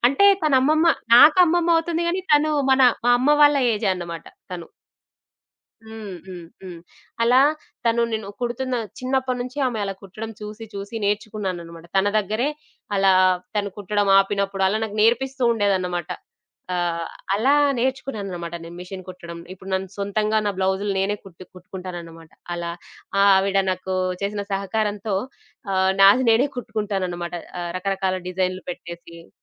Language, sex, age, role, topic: Telugu, female, 25-29, guest, పక్కింటి ప్రజలతో బంధం మీకు ఎంత ముఖ్యం అనిపిస్తుంది?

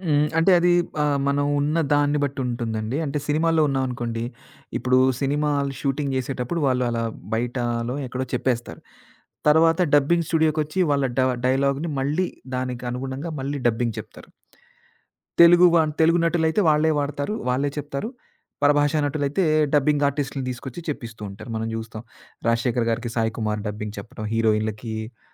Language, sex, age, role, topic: Telugu, male, 40-44, guest, మీరు స్వయంగా నేర్చుకున్న ఒక నైపుణ్యం గురించి చెప్పగలరా?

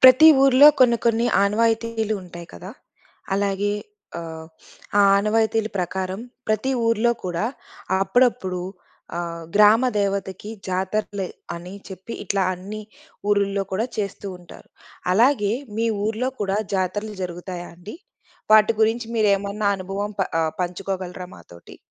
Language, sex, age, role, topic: Telugu, female, 35-39, host, మీ ఊర్లో జరిగే జాతరల్లో మీరు ఎప్పుడైనా పాల్గొన్న అనుభవం ఉందా?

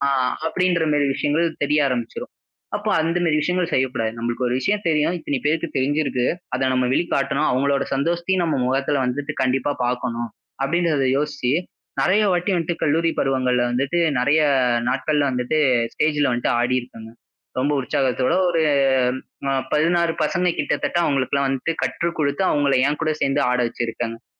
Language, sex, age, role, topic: Tamil, male, 20-24, guest, குடும்பம் அல்லது நண்பர்கள் உங்கள் பொழுதுபோக்கை ஆதரித்தார்களா, எப்படி?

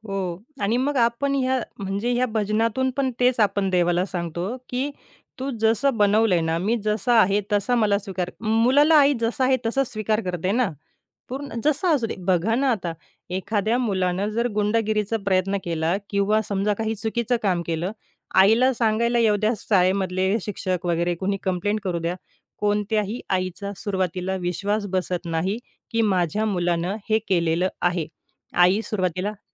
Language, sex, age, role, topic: Marathi, female, 30-34, guest, कुटुंबातील गायन‑संगीताच्या वातावरणामुळे तुझी संगीताची आवड कशी घडली?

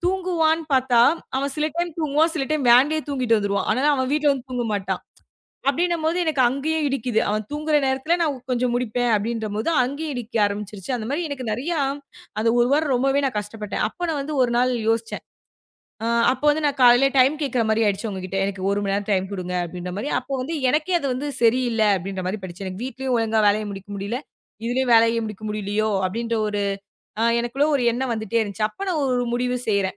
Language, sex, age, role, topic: Tamil, female, 25-29, guest, வீட்டிலிருந்து வேலை செய்யும் போது வேலை நேரத்துக்கு தெளிவான எல்லைகள் வைத்திருக்க வேண்டுமா?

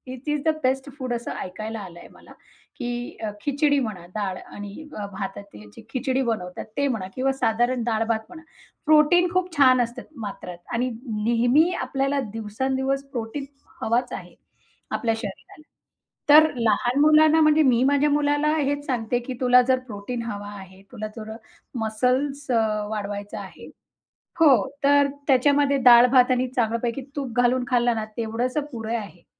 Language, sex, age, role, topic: Marathi, female, 35-39, guest, तुमच्या बालपणीच्या मनाला दिलासा देणाऱ्या आवडत्या पदार्थाबद्दलची एखादी आठवण सांगाल का?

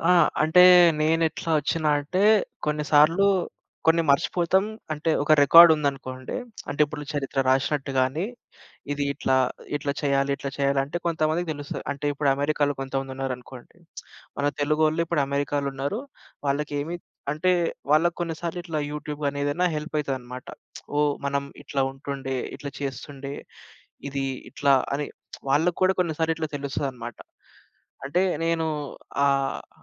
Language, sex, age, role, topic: Telugu, male, 25-29, host, భవిష్యత్తు తరాలకు మన సంస్కృతి కోల్పోకుండా ఎలా బదిలీ చేయగలం?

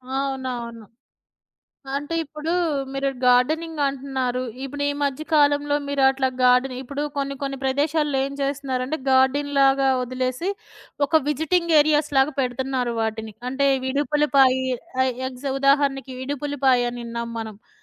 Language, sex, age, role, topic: Telugu, female, 40-44, host, ప్రకృతిని రోజువారీ జీవితం లో అమలు చేసేందుకు సులభమైన మార్గాలు ఏమిటి?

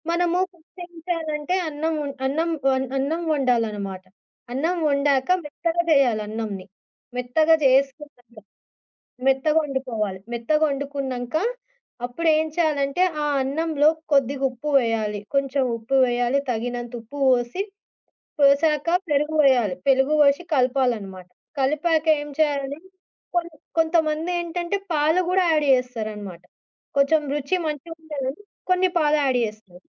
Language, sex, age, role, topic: Telugu, female, 25-29, guest, మీరు అందరితో కలిసి జరిపిన చక్కటి పండగ అనుభవం గురించి చెప్పగలరా?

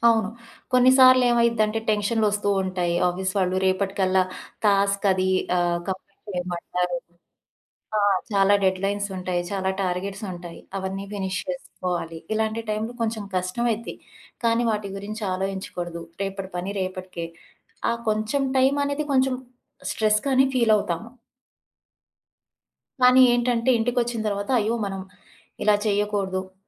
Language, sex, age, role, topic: Telugu, female, 35-39, guest, పని ఒత్తిడిలో కుటుంబంతో సమయాన్ని ఎలా కాపాడుకుంటారు?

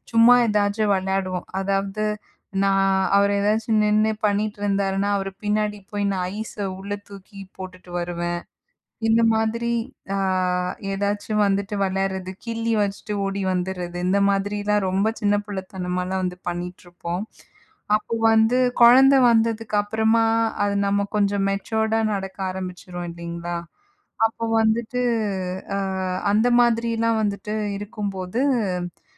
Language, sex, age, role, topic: Tamil, female, 35-39, guest, காதல் உறவை நீண்டகாலம் உற்சாகமாக எப்படி வைத்துக் கொள்வீர்கள்?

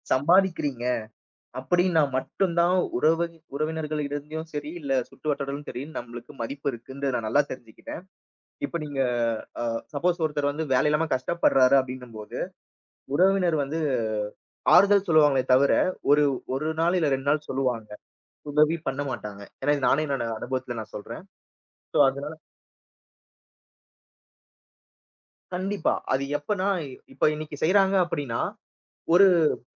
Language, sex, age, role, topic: Tamil, male, 30-34, guest, மனஅழுத்தத்தை குறைத்துக்கொள்ள உங்கள் குடும்பம் எப்படிப் உதவுகிறது என்று நீங்கள் நினைக்கிறீர்களா?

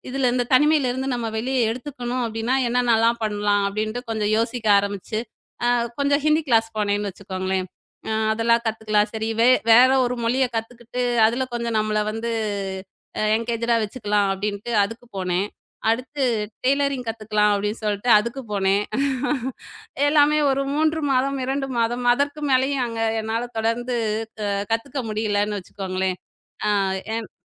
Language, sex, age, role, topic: Tamil, female, 35-39, guest, தனிமையை சமாளிக்கும் வழிகள்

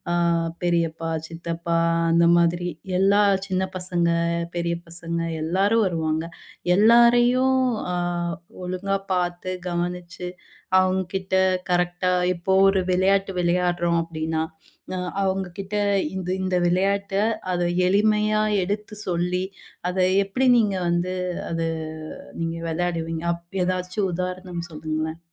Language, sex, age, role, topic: Tamil, female, 30-34, host, ஒரு சாதாரண குடும்ப விழாவில் நீங்கள் எந்தெந்த பொழுதுபோக்குகளை ஏற்பாடு செய்வீர்கள்?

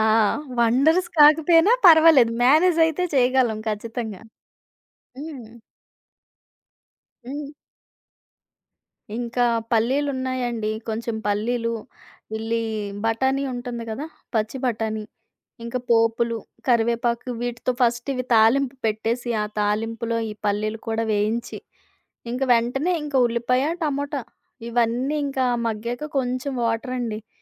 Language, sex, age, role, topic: Telugu, female, 30-34, guest, ఇంట్లో అప్పటికే ఉన్న పదార్థాలతో త్వరగా తయారయ్యే సాంత్వన వంటకం ఏది?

